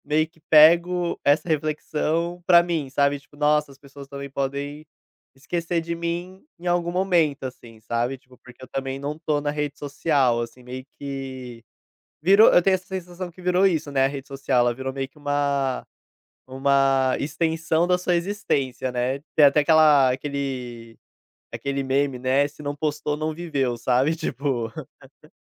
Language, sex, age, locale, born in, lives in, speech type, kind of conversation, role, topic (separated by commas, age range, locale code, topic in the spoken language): Portuguese, male, 25-29, pt-BR, Brazil, Portugal, conversation, advice, user, Como posso lidar com o medo de perder amizades e conexões sociais por causa das mudanças na minha vida?